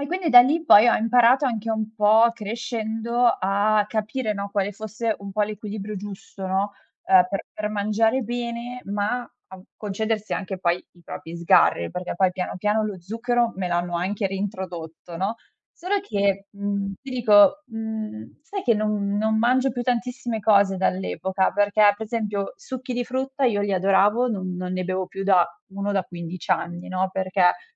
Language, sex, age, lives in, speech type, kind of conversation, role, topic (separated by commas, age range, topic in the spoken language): Italian, female, 25-29, Italy, conversation, podcast, guest, Quale abitudine ti ha cambiato la vita?